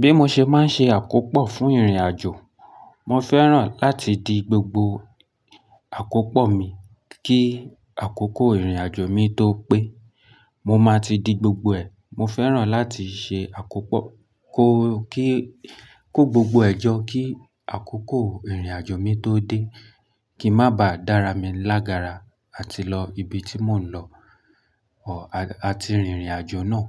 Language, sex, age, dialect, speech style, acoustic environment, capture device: Yoruba, male, 25-34, Nigeria - Lagos Yoruba, happy, nature; silence, mobile / Linux / Chrome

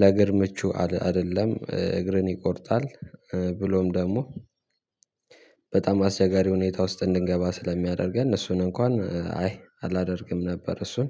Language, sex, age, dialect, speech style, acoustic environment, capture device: Amharic, male, 18-24, Ethiopia - Addis Ababa, happy; excited, silence, mobile / Linux / Chrome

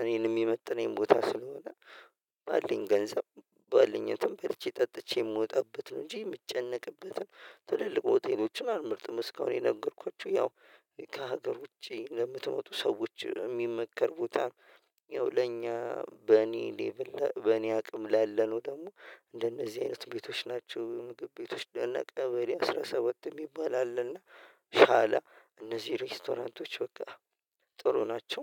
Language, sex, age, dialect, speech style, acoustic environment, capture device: Amharic, male, 25-34, Ethiopia - Addis Ababa, relaxed, silence; nature, mobile / Linux / Chrome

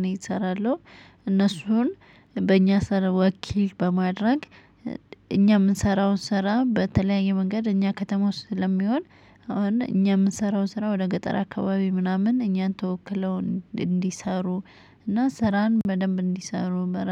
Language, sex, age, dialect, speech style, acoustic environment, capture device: Amharic, male, 35-44, Ethiopia - Addis Ababa, happy, silence, mobile / Linux / Chrome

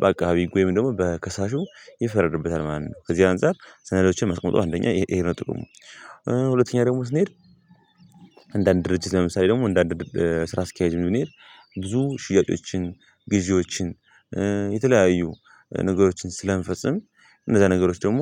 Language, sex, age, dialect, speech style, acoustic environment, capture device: Amharic, male, 18-24, Ethiopia - Gondar, happy; anxious; nervous, people talking; music; nature, mobile / Linux / Chrome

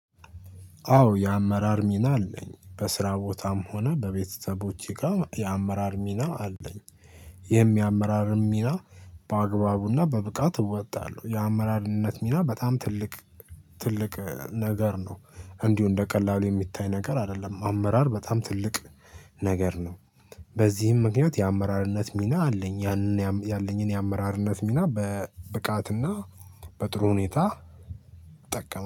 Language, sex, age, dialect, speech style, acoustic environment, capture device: Amharic, male, 18-24, Ethiopia - Addis Ababa, happy, people talking, mobile / Linux / Chrome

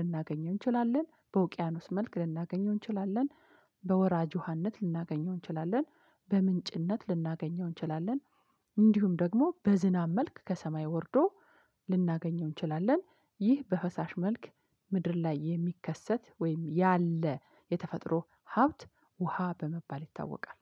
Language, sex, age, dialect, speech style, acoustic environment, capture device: Amharic, female, 45-54, Ethiopia - Addis Ababa, excited, silence, mobile / Linux / Chrome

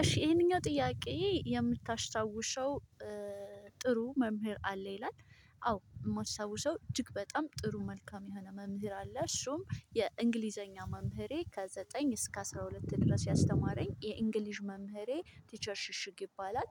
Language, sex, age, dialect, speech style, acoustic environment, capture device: Amharic, female, 25-34, Ethiopia - Addis Ababa, happy; stressed; focused; relaxed, people talking, mobile / Linux / Chrome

